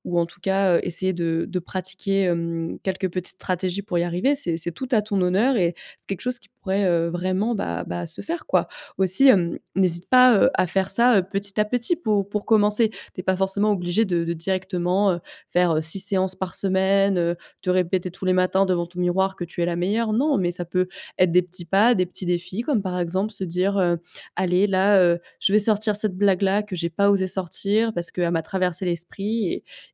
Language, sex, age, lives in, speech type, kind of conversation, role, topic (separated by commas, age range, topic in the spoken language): French, female, 25-29, France, conversation, advice, advisor, Comment puis-je avoir plus confiance en moi pour être moi-même ?